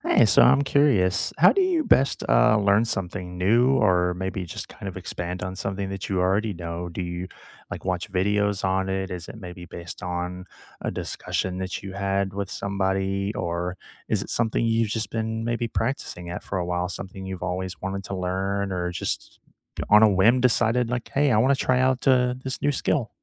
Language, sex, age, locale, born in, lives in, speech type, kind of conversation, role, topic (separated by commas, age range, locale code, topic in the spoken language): English, male, 35-39, en-US, United States, United States, conversation, unstructured, none, How do you learn best—through taking notes, watching videos, having discussions, or doing hands-on practice?